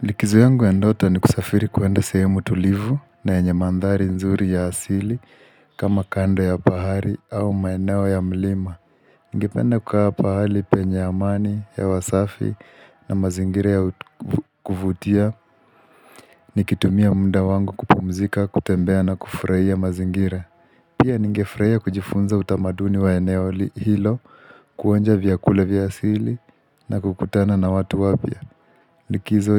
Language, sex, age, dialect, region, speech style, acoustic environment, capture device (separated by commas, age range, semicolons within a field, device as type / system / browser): Swahili, male, 25-34, Kenya - Nairobi Sheng-influenced, Kenya, relaxed, people talking, mobile / Linux / Chrome